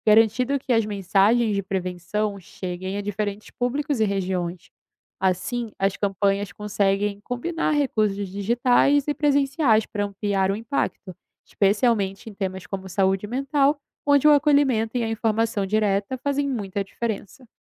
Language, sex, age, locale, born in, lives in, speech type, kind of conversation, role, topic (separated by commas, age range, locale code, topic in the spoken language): Portuguese, female, 20-24, pt-BR, Brazil, Italy, read, expert-assistant, expert, Como campanhas de prevenção em saúde conseguem mudar comportamentos no dia a dia?